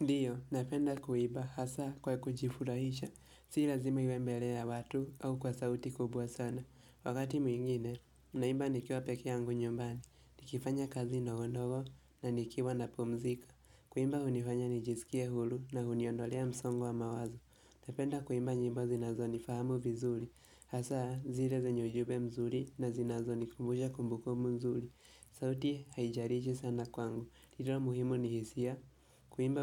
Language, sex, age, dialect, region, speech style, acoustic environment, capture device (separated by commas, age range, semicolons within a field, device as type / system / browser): Swahili, male, 18-24, Kenya - Nairobi Sheng-influenced, Kenya, focused, silence, mobile / Linux / Chrome